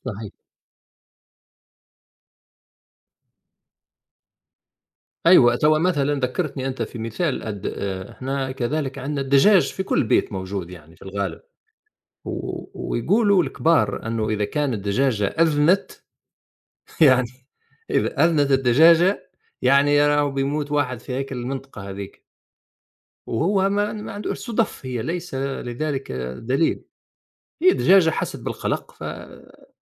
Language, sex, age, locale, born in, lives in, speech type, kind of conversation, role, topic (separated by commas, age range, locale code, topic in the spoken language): Arabic, male, 50-54, ar-TN, Tunisia, United States, conversation, unstructured, none, إزاي الحيوانات الأليفة بتأثر على المزاج العام للإنسان؟